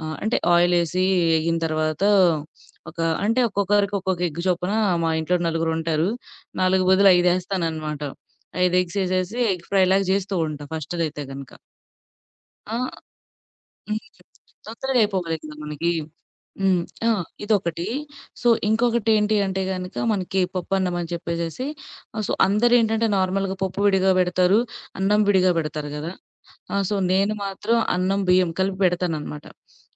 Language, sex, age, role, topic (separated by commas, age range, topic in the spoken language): Telugu, female, 25-29, guest, రాత్రి భోజనం తక్కువ సమయంలో తయారు చేయడానికి ఏమైనా సులభమైన పద్ధతులు చెప్పగలరా?